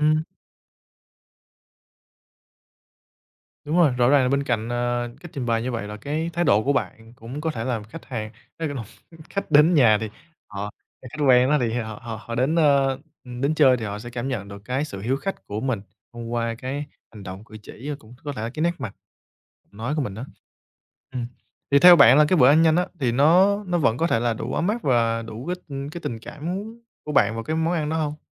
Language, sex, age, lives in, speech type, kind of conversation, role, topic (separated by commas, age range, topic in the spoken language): Vietnamese, male, 25-29, Vietnam, conversation, podcast, host, Bí quyết của bạn để nấu nhanh khi có khách đến bất ngờ là gì?